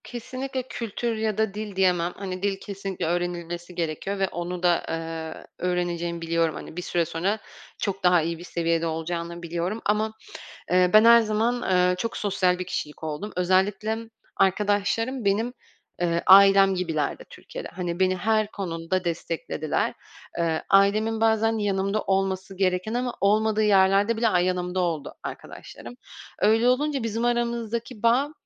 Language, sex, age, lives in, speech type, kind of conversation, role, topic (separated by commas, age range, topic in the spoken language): Turkish, female, 25-29, France, conversation, podcast, guest, Bir kararının beklemediğin sonuçlar doğurduğu bir anını anlatır mısın?